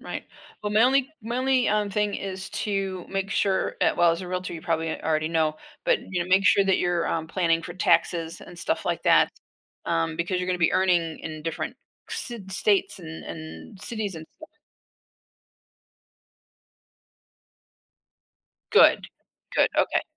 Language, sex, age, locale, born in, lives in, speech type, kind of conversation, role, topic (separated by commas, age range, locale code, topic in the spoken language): English, female, 60-64, en-US, United States, United States, conversation, advice, advisor, How can I choose an industry and create a first step plan?